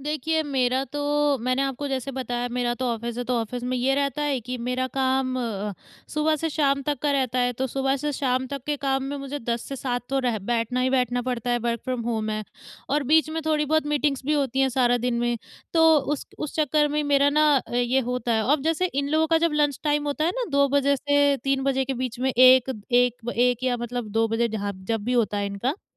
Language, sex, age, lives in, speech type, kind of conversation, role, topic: Hindi, female, 20-24, India, conversation, advice, user, घर पर आराम करना मेरे लिए हमेशा मुश्किल क्यों होता है?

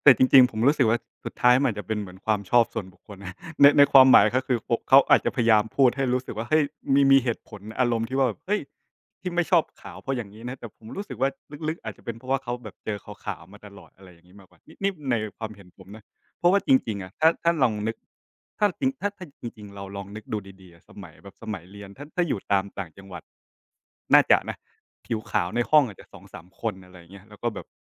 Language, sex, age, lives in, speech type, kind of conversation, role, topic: Thai, male, 25-29, Thailand, conversation, unstructured, none, คุณรู้สึกอย่างไรกับผลกระทบของการแบ่งแยกสีผิวในอดีตที่ยังส่งต่อมาถึงปัจจุบัน?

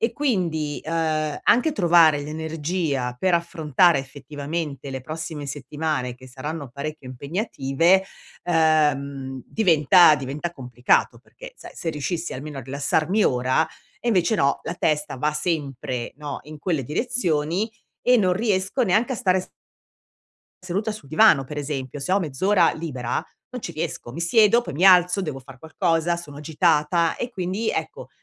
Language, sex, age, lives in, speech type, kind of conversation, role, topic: Italian, female, 55-59, Italy, conversation, advice, user, Perché non riesco a rilassarmi anche quando ho tempo libero?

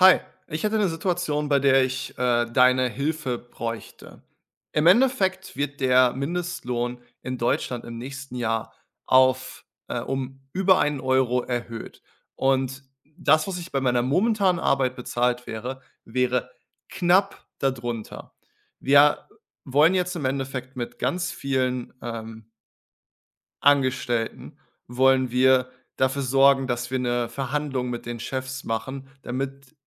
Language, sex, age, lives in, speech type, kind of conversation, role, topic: German, male, 30-34, Germany, conversation, advice, user, Wie kann ich klare Grenzen zwischen Arbeit und Privatleben setzen, um meine ständige Erschöpfung zu reduzieren?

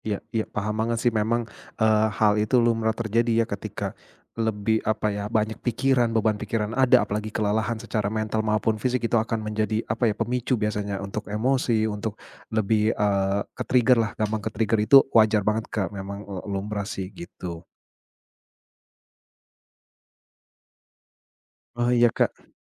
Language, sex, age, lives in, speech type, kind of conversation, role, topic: Indonesian, male, 35-39, Indonesia, conversation, advice, advisor, Bagaimana cara mengurangi gangguan saat berpindah-pindah tugas yang memecah fokus saya?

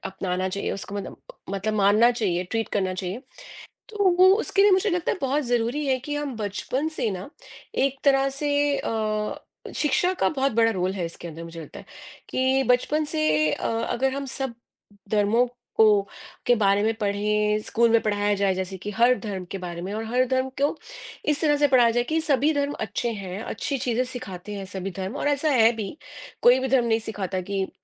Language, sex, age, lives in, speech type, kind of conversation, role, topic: Hindi, female, 40-44, United States, conversation, unstructured, none, धार्मिक मतभेदों को कम करने के लिए हमें क्या करना चाहिए?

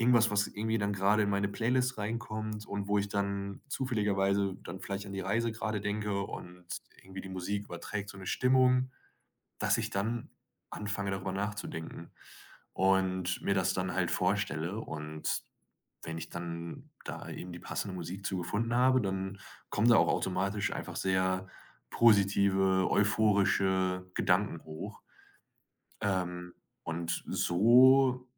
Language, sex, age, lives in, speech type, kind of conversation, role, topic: German, male, 25-29, Germany, conversation, podcast, guest, Was hilft dir, die Angst vor dem Unbekannten zu überwinden?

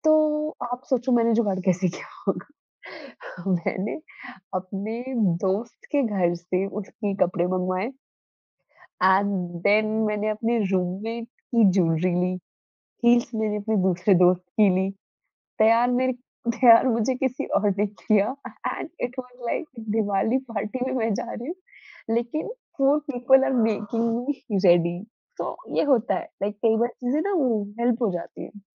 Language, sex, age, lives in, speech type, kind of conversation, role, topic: Hindi, female, 20-24, India, conversation, podcast, host, Comfort aur style ka balance banane ka tumhara tareeka kya hai?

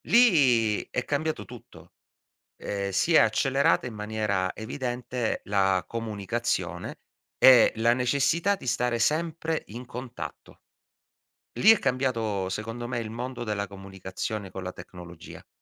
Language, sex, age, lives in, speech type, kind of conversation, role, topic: Italian, male, 40-44, Italy, conversation, podcast, guest, Come usi la tecnologia per restare in contatto con gli altri?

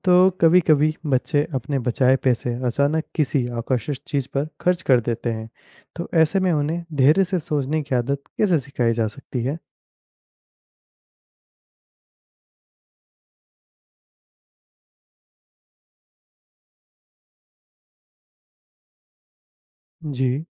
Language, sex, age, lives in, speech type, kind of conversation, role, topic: Hindi, male, 20-24, India, read, expert-assistant, asker, बच्चों को पैसे की कीमत और बचत के महत्व के बारे में कैसे सिखाया जा सकता है?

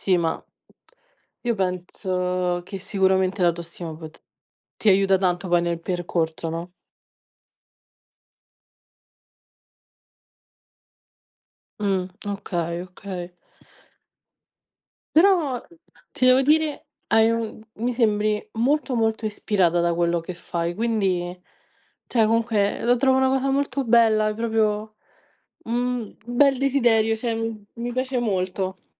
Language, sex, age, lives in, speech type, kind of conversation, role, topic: Italian, female, 20-24, Italy, conversation, unstructured, none, Qual è un desiderio che ti fa sorridere?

